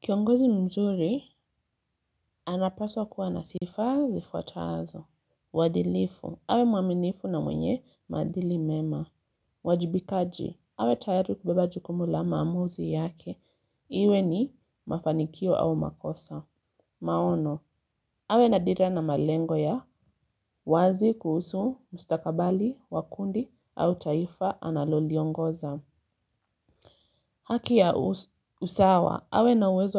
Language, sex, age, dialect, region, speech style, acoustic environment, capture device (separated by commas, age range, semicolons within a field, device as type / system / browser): Swahili, female, 25-34, Kenya - Mombasa, Kenya, relaxed, silence, mobile / Linux / Chrome